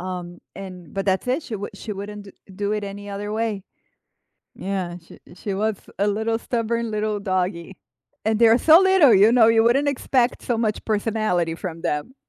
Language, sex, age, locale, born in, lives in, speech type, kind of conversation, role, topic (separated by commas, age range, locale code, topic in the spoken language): English, female, 45-49, en-BR, Brazil, United States, conversation, unstructured, none, What’s the funniest thing a pet has ever done to you?